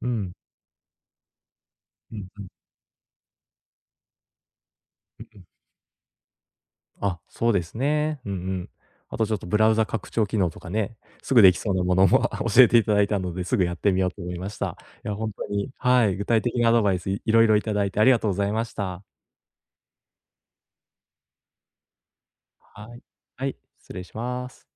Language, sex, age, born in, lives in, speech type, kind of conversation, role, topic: Japanese, male, 30-34, Japan, Japan, conversation, advice, user, 買い物で本当に必要なものかどうか、どう見極めればよいですか？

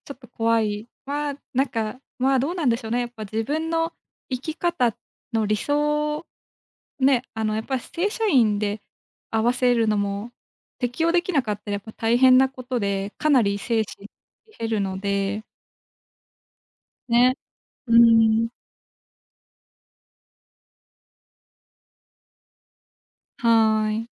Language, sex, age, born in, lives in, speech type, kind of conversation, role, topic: Japanese, female, 25-29, Japan, Japan, conversation, advice, user, 起業や転職で失敗するのが怖くて踏み出せないのですが、どうすれば一歩を踏み出せますか？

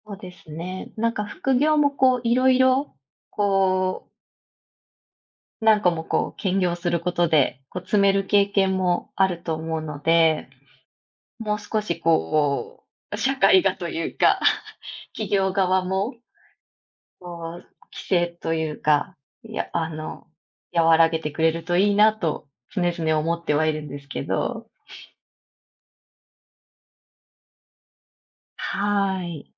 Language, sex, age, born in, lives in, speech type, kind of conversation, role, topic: Japanese, female, 35-39, Japan, Japan, conversation, unstructured, none, 給料が上がっても手元にお金が増えないのはなぜでしょうか？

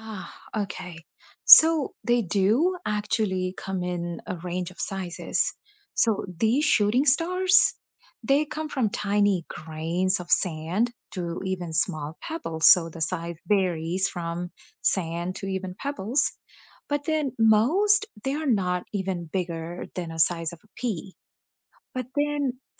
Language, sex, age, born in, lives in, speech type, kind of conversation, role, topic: English, female, 35-39, India, United States, read, expert-assistant, expert, What causes shooting stars?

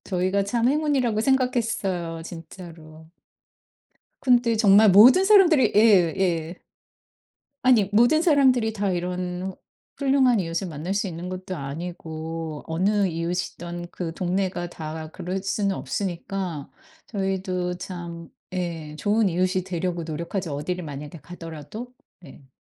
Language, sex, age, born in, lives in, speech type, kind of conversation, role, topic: Korean, female, 50-54, South Korea, United States, conversation, podcast, guest, 좋은 이웃 관계를 오래 유지하려면 어떻게 하는 게 좋을까요?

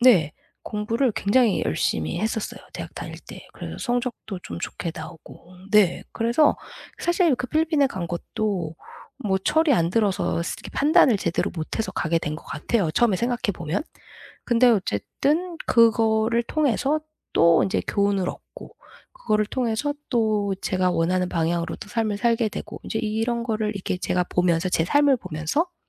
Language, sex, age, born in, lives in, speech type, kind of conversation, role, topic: Korean, female, 40-44, United States, United States, conversation, podcast, guest, 당신을 가장 잘 설명하는 한 문장은 무엇인가요?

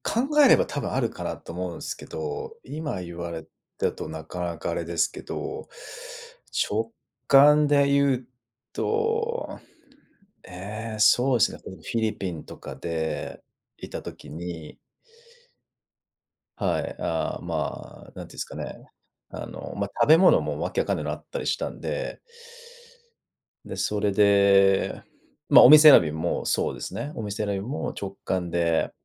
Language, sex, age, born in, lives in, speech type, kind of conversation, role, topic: Japanese, male, 35-39, Japan, Japan, conversation, podcast, guest, 直感を磨くには、どんな方法があると思いますか？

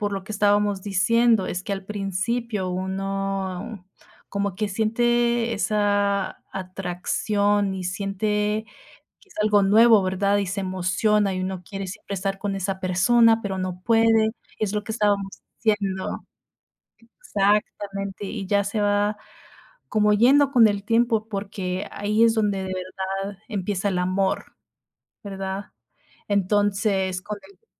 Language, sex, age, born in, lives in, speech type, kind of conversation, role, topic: Spanish, female, 30-34, United States, United States, conversation, unstructured, none, ¿Cómo se puede mantener viva la chispa en una relación de pareja?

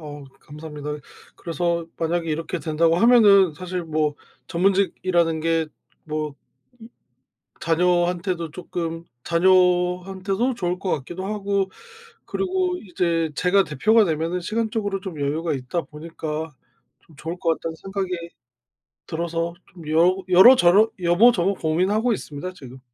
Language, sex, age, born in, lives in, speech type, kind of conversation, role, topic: Korean, male, 30-34, South Korea, South Korea, conversation, advice, user, 일과 가치관이 맞지 않아 진로 전환을 고민하는 상황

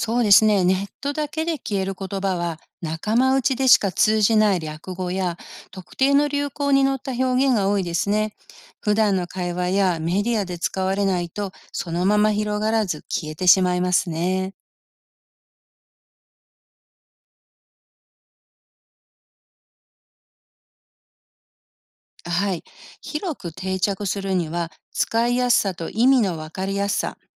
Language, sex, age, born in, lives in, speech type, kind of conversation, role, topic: Japanese, female, 55-59, Japan, United States, read, expert-assistant, expert, なぜ言語は時代とともに変化し続けるのでしょうか？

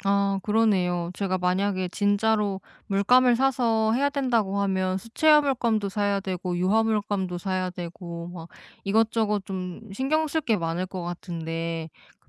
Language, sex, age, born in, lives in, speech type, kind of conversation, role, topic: Korean, female, 30-34, South Korea, South Korea, conversation, advice, user, 완벽해야 한다는 생각과 실패에 대한 두려움 때문에 새로운 취미를 시작하는 것이 무섭다면 어떻게 하면 좋을까요?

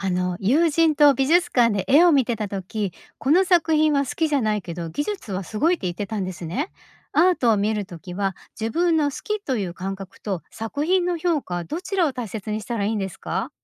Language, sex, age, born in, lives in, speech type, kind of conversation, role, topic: Japanese, female, 50-54, Japan, Japan, read, expert-assistant, asker, アートを見るとき、「好き」と「すごい」はどちらを優先して考えますか？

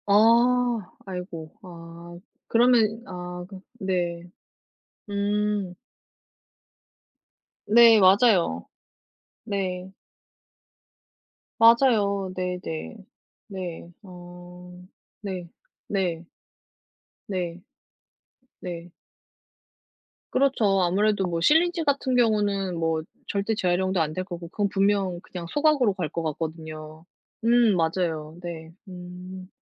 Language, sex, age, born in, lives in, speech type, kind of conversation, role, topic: Korean, female, 35-39, South Korea, South Korea, conversation, unstructured, none, 일상에서 쓰레기를 줄이는 방법에는 어떤 것들이 있을까요?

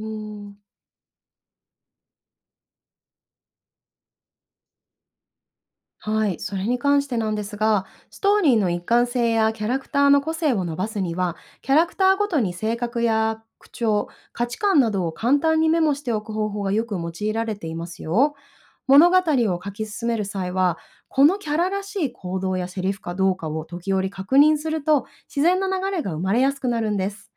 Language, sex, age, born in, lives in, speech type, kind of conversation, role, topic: Japanese, female, 30-34, Japan, Japan, read, expert-assistant, expert, クリエイティブライティングに才能は必要ですか？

